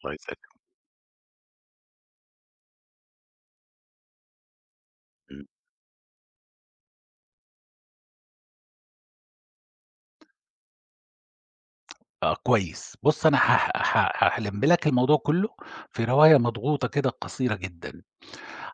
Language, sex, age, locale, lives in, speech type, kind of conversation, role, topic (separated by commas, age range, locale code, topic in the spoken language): Arabic, male, 50-54, ar-SA, Germany, conversation, podcast, guest, إزاي بتدي ملاحظات بطريقة بنّاءة؟